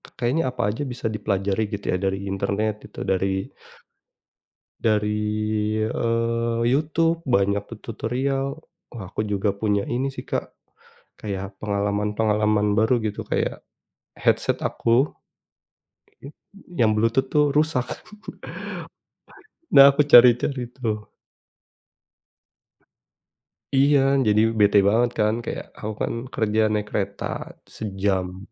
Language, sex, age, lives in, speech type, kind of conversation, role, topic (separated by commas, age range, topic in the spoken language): Indonesian, male, 30-34, Indonesia, conversation, unstructured, none, Apa yang benar-benar membuat kamu frustrasi saat belajar hobi baru?